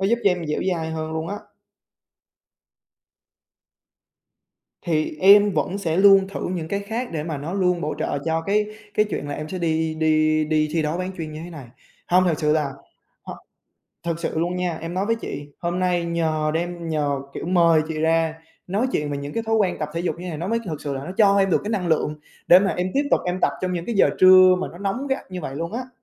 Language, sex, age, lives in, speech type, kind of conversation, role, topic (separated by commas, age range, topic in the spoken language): Vietnamese, male, 20-24, Vietnam, conversation, unstructured, none, Bạn có thói quen tập thể dục không, và vì sao?